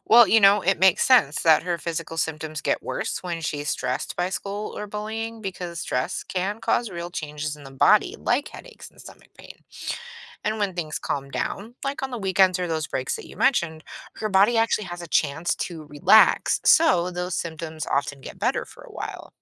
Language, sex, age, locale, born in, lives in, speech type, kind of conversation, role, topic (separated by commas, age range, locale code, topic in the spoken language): English, female, 40-44, en-US, United States, United States, read, expert-assistant, expert, What are the effects of bullying on mental and physical health?